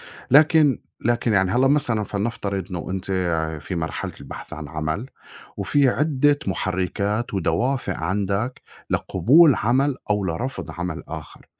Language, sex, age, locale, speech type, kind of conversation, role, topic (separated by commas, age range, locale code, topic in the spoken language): Arabic, male, 40-44, ar-SY, conversation, unstructured, none, إزاي تختار الشغل اللي يناسبك؟